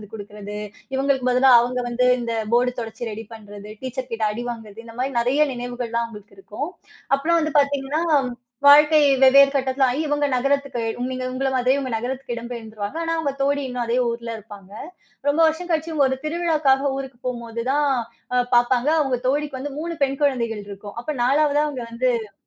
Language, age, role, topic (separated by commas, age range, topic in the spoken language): Tamil, 30-34, host, அங்கே நீங்கள் அறிமுகமான ஒரு உள்ளூர் நண்பர் உங்கள் வாழ்க்கையை எந்த விதத்தில் பாதித்தார்?